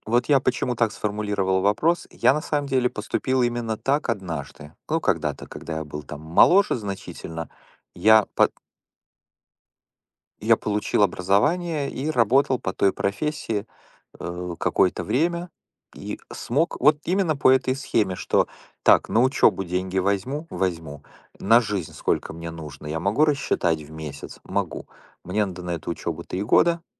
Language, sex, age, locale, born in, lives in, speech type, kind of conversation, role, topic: Russian, male, 45-49, ru-RU, Ukraine, United States, conversation, unstructured, none, Какие ошибки люди чаще всего допускают при управлении личными финансами?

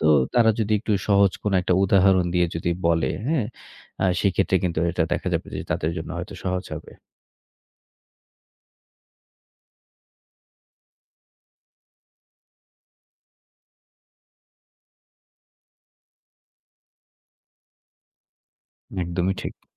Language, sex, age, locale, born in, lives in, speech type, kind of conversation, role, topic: Bengali, male, 30-34, bn-BD, Bangladesh, Bangladesh, conversation, podcast, guest, শিশুদের ব্যর্থতাকে স্বাভাবিকভাবে গ্রহণ করতে শেখাতে কী কী উপায় আছে?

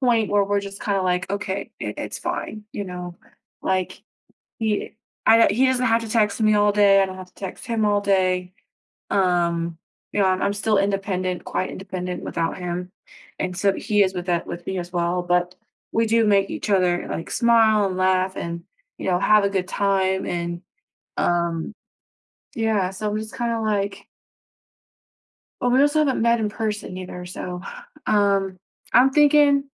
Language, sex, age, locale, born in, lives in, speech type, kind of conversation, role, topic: English, female, 25-29, en-US, United States, United States, conversation, advice, user, How can I build a strong connection in a new relationship?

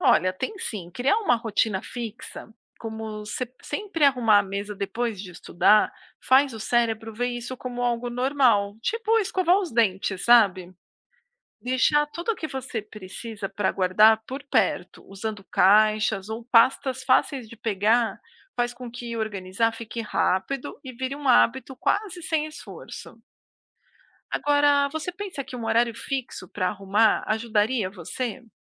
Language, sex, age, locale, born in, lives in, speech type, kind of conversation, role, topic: Portuguese, female, 40-44, pt-BR, Brazil, United States, read, expert-assistant, expert, Como a organização do espaço de estudo influencia o rendimento escolar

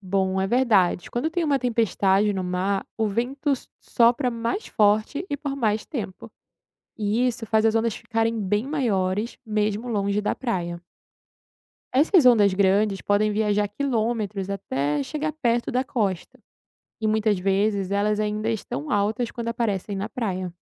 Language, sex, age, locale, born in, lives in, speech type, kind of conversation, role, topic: Portuguese, female, 20-24, pt-BR, Brazil, Italy, read, expert-assistant, expert, Como as ondas do mar se formam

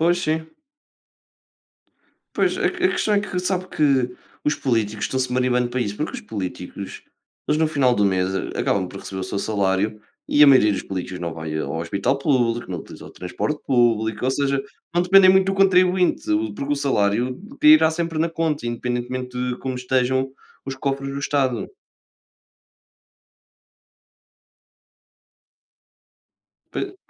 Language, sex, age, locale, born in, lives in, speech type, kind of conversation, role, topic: Portuguese, male, 20-24, pt-PT, Portugal, Portugal, conversation, unstructured, none, Como a participação dos jovens pode transformar a política local?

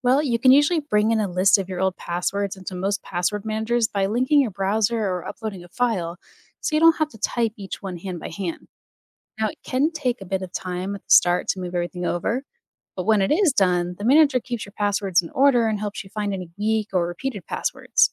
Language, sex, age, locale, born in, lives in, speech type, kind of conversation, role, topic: English, female, 30-34, en-US, United States, United States, read, expert-assistant, expert, Why is online privacy important?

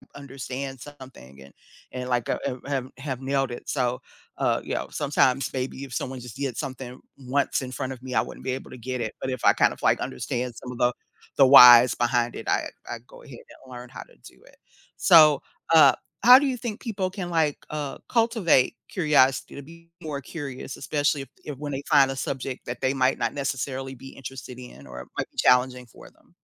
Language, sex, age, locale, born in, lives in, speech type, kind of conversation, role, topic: English, female, 50-54, en-US, United States, United States, conversation, podcast, host, How does curiosity shape our approach to learning and discovery?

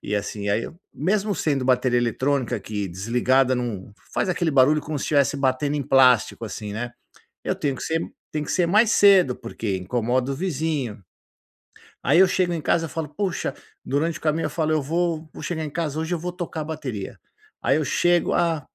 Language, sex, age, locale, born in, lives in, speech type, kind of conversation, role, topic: Portuguese, male, 50-54, pt-BR, Brazil, United States, conversation, advice, user, Por que sinto culpa e ansiedade no meu tempo livre?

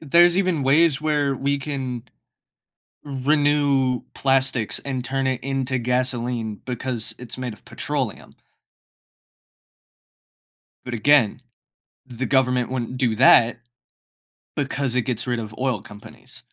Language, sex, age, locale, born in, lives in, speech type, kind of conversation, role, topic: English, male, 40-44, en-US, United States, United States, conversation, unstructured, none, What concerns you most about the impact of climate change on our future?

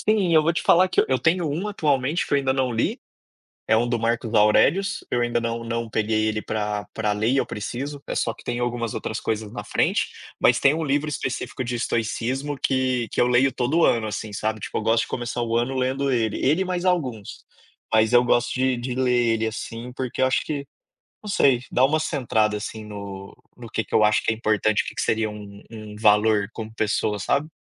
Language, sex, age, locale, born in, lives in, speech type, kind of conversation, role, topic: Portuguese, male, 30-34, pt-BR, Brazil, Spain, conversation, podcast, guest, Me conta uma técnica que ajuda na ansiedade?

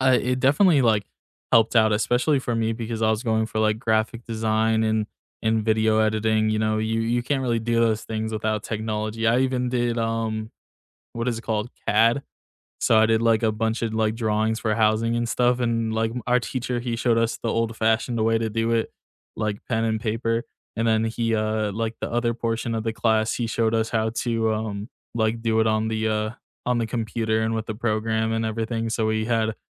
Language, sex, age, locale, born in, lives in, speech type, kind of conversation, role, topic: English, male, 20-24, en-US, United States, United States, conversation, unstructured, none, How has classroom technology helped or hindered your learning, and what moments shaped your view?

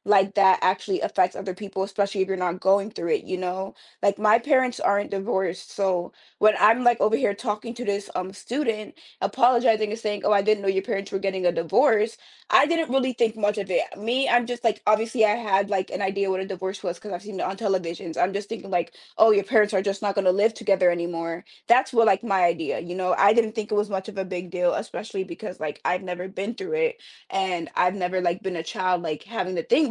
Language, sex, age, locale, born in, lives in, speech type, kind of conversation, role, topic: English, female, 20-24, en-US, United States, United States, conversation, podcast, guest, How have your childhood experiences shaped the person you are today?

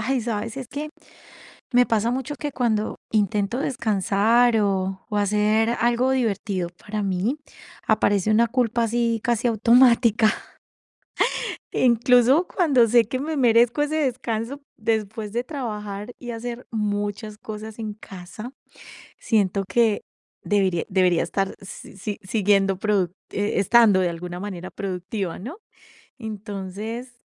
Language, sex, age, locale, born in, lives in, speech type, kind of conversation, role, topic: Spanish, female, 45-49, es-CO, Colombia, Italy, conversation, advice, user, ¿Por qué me siento culpable al descansar o divertirme?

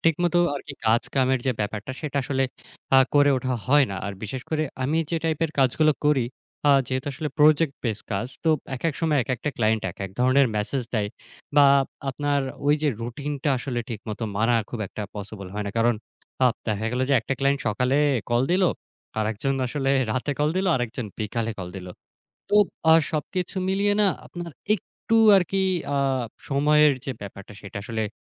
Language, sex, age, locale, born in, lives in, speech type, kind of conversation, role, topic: Bengali, male, 20-24, bn-BD, Bangladesh, Bangladesh, conversation, advice, user, কীভাবে একসঙ্গে অনেক কাজ জমে গেছে?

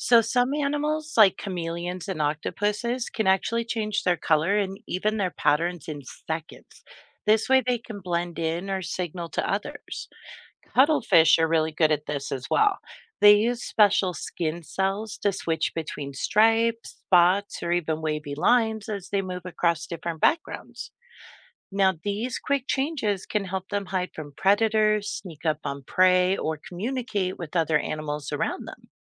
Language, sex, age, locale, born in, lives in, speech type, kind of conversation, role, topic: English, female, 45-49, en-US, United States, United States, read, expert-assistant, expert, How do animal patterns like stripes and spots help them survive?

